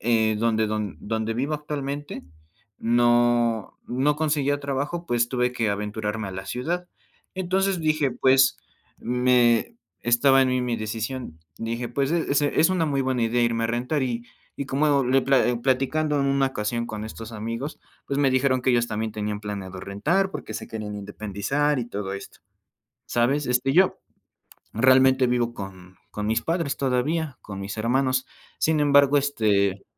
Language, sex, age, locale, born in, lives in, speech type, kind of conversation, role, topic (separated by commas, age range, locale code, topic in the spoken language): Spanish, male, 20-24, es-MX, Mexico, Mexico, conversation, podcast, guest, ¿Cómo se reparten las responsabilidades con la persona con la que vives?